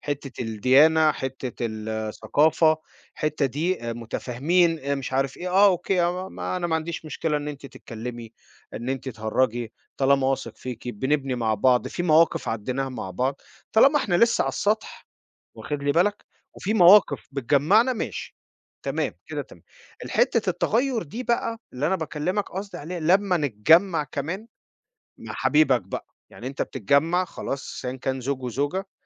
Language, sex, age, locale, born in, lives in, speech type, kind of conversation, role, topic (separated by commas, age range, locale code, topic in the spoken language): Arabic, male, 40-44, ar-EG, Egypt, United States, conversation, unstructured, none, هل لازم تتغير عشان حبيبك؟